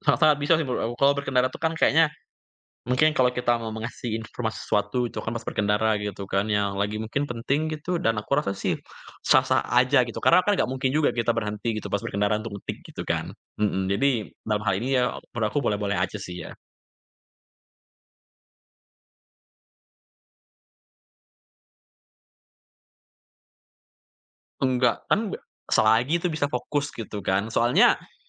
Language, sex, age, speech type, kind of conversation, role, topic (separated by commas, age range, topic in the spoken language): Indonesian, male, 20-24, conversation, podcast, guest, Kapan lebih tepat menggunakan pesan suara daripada mengetik pesan?